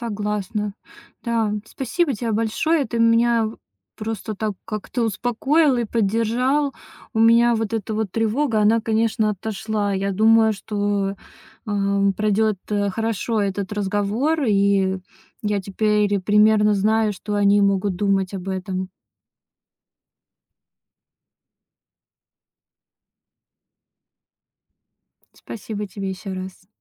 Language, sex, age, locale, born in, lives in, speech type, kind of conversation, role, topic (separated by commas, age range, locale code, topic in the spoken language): Russian, female, 30-34, ru-RU, Russia, Estonia, conversation, advice, user, Как мне сказать родителям, что я меняю жизненные планы?